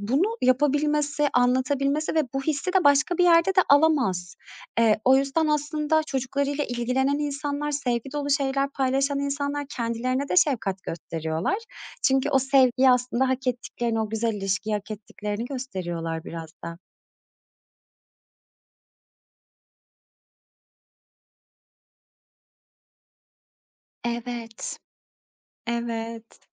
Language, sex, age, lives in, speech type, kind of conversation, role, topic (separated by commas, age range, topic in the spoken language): Turkish, female, 35-39, Greece, conversation, podcast, guest, Kendine şefkat göstermeyi günlük hayatında nasıl pratiğe döküyorsun?